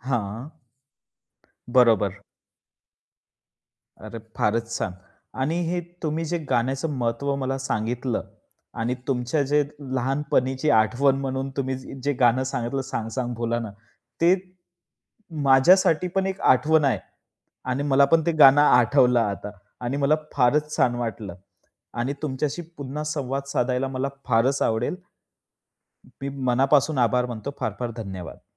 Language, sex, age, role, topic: Marathi, male, 25-29, host, लहानपणी ऐकलेलं गाणं आजही आपल्याला का आठवत राहतं?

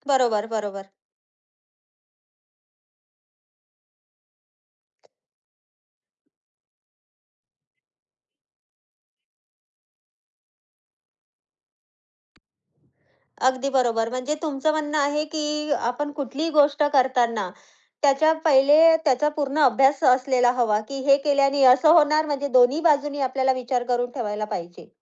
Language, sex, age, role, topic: Marathi, female, 30-34, host, अपयशानंतर पुन्हा प्रयत्न करण्याची प्रेरणा तुला कशी मिळते?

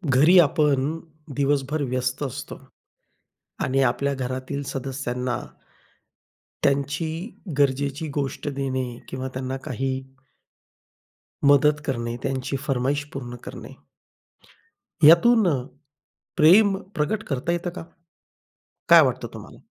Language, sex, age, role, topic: Marathi, male, 40-44, host, घरातली मदत म्हणजेच प्रेम आहे, असं आपल्याला का वाटतं?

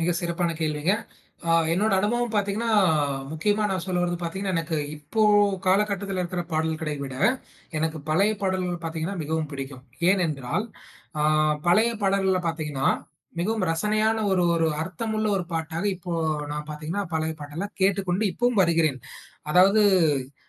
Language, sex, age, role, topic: Tamil, male, 20-24, guest, பழைய பாடல்களை கேட்டால் உங்களுக்கு எந்த நினைவுகள் மனதில் எழுகின்றன?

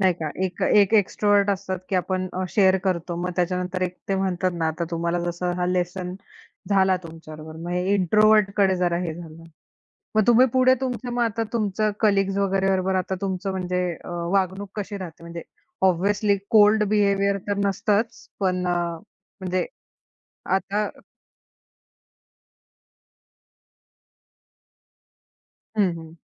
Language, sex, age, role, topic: Marathi, female, 25-29, host, शेअर करताना स्वतःची अस्सल ओळख कशी राखतोस?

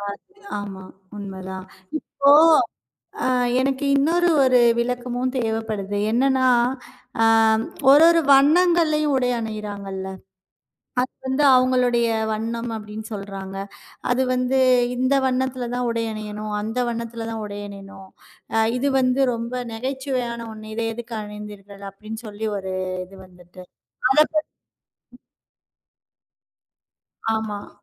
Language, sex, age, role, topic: Tamil, female, 35-39, host, உடை அணிவதால் மனநிலை மாறும் என்று நீங்கள் நினைக்கிறீர்களா? ஏன்?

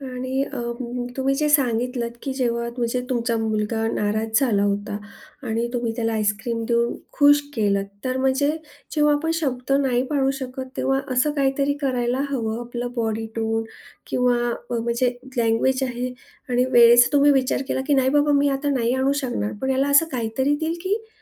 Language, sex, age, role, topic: Marathi, female, 40-44, host, वचन मोडल्यानंतर समोरच्याशी बोलताना नेमकं काय म्हणायला हवं?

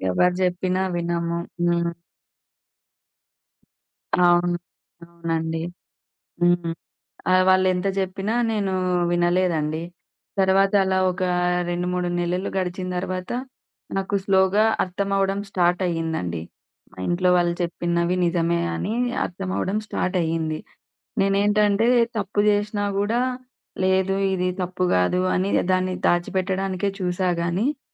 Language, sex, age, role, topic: Telugu, female, 30-34, guest, తప్పును ఒప్పుకోవడం మీకు ఎందుకు ముఖ్యమని అనిపిస్తుంది?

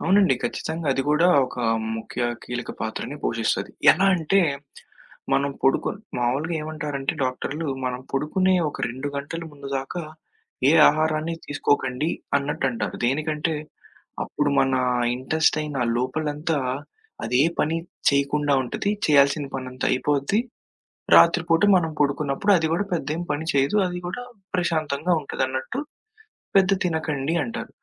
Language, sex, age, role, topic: Telugu, male, 20-24, guest, రాత్రిపూట బాగా నిద్రపోవడానికి ఇంట్లో ఏ వస్తువులు ఎక్కువగా సహాయపడతాయని మీరు అనుకుంటారు?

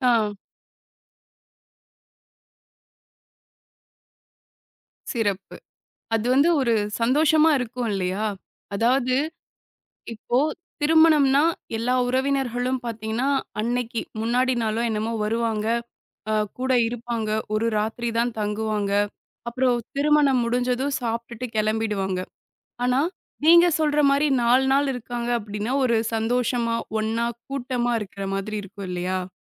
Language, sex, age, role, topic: Tamil, female, 25-29, host, உங்கள் குடும்பத்தில் திருமண மரபுகள் எப்படிப் பின்பற்றப்படுகின்றன?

